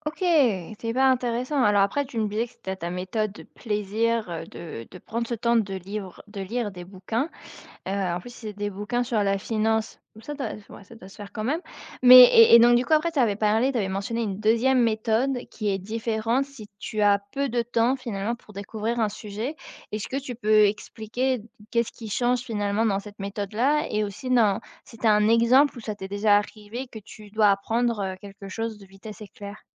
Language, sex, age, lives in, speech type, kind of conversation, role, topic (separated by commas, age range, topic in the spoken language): French, female, 25-29, France, conversation, podcast, host, Comment apprends-tu en dehors des cours ?